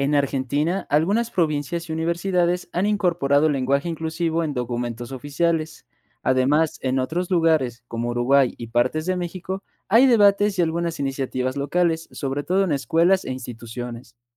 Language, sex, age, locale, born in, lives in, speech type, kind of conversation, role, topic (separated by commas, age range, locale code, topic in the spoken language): Spanish, male, 20-24, es-MX, Mexico, Mexico, read, expert-assistant, expert, ¿Qué pros y contras tiene el lenguaje inclusivo en la comunicación diaria?